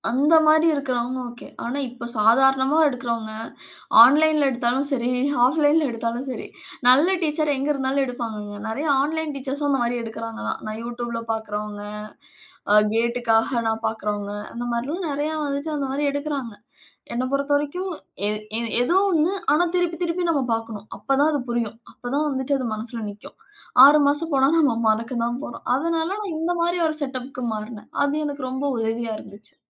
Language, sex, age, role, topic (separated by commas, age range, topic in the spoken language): Tamil, female, 35-39, guest, ஒரு பெரிய மாற்றத்தை செய்ய உதவும் மிக முக்கியமான விசை என்ன?